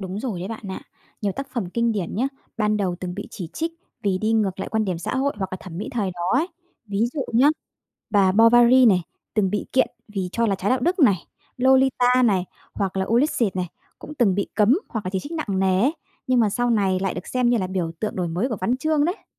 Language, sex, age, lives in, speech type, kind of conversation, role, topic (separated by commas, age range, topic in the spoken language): Vietnamese, female, 30-34, Vietnam, read, expert-assistant, expert, Có đúng là nhiều tác phẩm văn học kinh điển từng bị chê bai khi mới ra đời không?